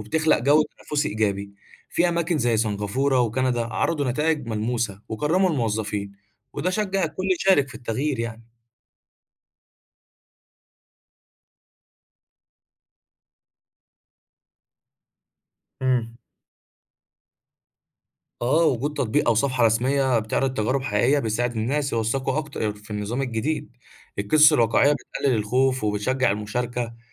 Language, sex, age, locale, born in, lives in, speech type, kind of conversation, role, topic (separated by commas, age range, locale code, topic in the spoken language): Arabic, male, 20-24, ar-EG, Egypt, Egypt, read, expert-assistant, expert, تفتكر إزاي ممكن نستخدم التكنولوجيا بطريقة مبتكرة لتحسين تجربة المواطنين في التعامل مع المصالح الحكومية؟